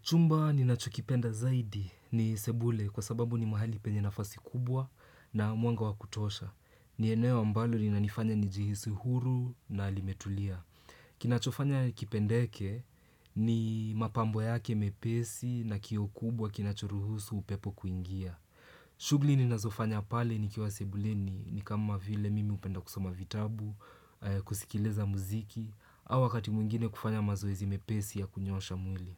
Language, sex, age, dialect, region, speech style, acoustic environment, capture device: Swahili, male, 18-24, Kenya - Nairobi Sheng-influenced, Kenya, focused, silence, desktop / Windows / Chrome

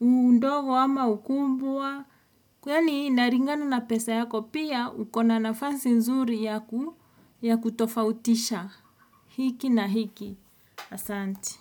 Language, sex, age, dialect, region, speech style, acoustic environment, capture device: Swahili, female, 35-44, Kenya - Nairobi Sheng-influenced, Kenya, anxious, music, desktop / Windows / Edge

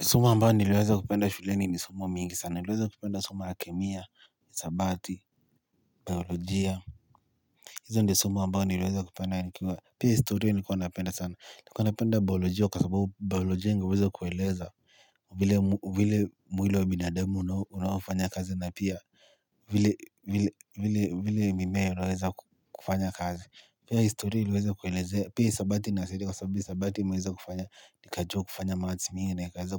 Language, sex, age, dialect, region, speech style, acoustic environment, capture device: Swahili, male, 18-24, Kenya - Nairobi Sheng-influenced, Kenya, happy, silence, mobile / Linux / Chrome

